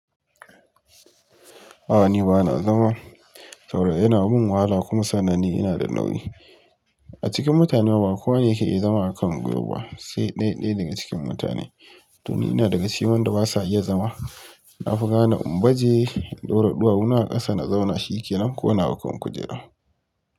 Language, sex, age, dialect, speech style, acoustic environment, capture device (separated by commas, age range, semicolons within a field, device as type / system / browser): Hausa, male, 25-34, Nigeria - Kano, excited; relaxed, silence, mobile / Linux / Chrome